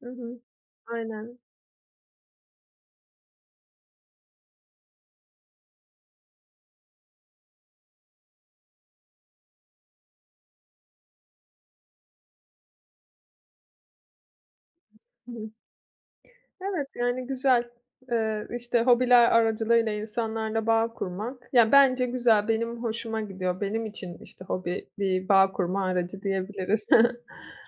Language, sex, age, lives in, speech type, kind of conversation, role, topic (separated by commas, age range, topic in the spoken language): Turkish, female, 30-34, Italy, conversation, podcast, guest, Hobiler, insanlarla bağ kurmamızda nasıl bir rol oynar?